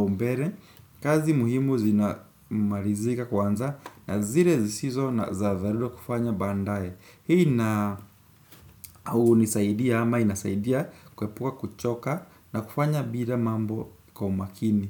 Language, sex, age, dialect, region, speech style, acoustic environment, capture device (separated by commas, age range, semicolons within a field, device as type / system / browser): Swahili, male, 18-24, Kenya - Nairobi Sheng-influenced, Kenya, happy; focused, nature; appliances, desktop / Windows / Chrome